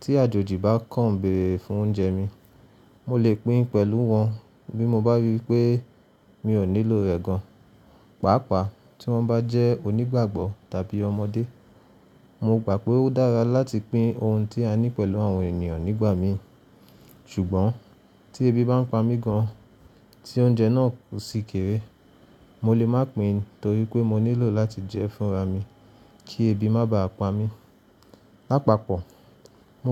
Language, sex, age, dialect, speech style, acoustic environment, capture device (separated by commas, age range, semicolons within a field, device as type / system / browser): Yoruba, male, 25-34, Nigeria - Nigerian Standard, relaxed, silence; nature, mobile / Linux / Chrome